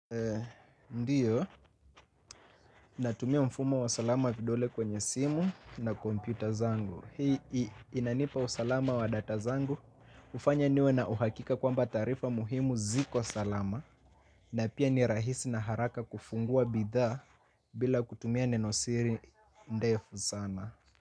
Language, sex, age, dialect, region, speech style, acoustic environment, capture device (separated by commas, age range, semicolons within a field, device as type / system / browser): Swahili, male, 25-34, Kenya - Mombasa, Kenya, happy, silence, mobile / Linux / Chrome